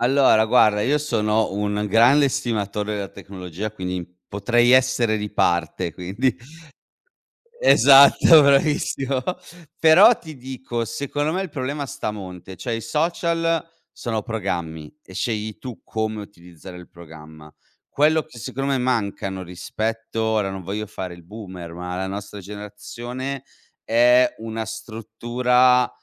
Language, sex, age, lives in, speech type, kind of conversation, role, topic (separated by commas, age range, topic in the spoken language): Italian, male, 40-44, Italy, conversation, podcast, guest, Cosa ti aiuta a smettere di paragonarti agli altri?